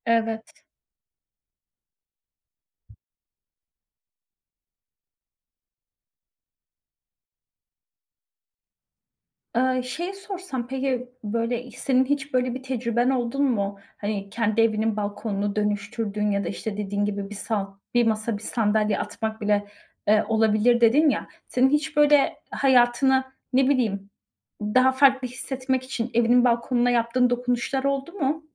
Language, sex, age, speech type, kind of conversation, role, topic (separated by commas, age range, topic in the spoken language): Turkish, female, 30-34, conversation, podcast, host, Bir balkonda doğal ve sade bir yaşamı nasıl kurabilirim?